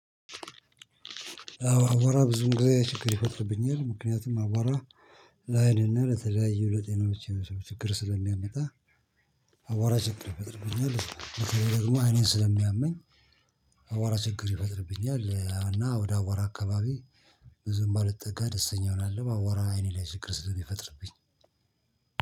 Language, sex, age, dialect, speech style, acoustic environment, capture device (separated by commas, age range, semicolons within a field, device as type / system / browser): Amharic, male, 45-54, Ethiopia - Addis Ababa, happy, people talking, mobile / Linux / Chrome